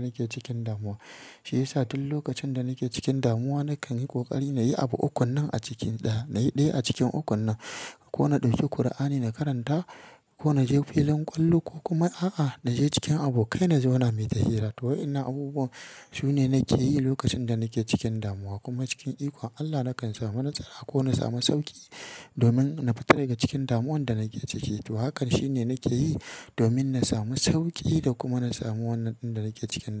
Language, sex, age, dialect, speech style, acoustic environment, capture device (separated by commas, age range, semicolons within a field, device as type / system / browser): Hausa, female, 25-34, Nigeria - Kano, happy; excited, silence, mobile / Linux / Chrome